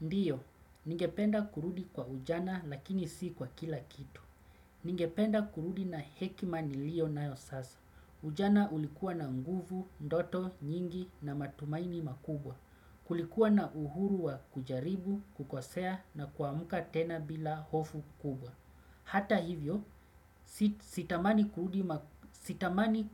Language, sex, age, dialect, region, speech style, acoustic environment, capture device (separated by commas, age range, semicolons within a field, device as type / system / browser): Swahili, male, 35-44, Kenya - Mombasa, Kenya, relaxed, silence, desktop / Windows / Chrome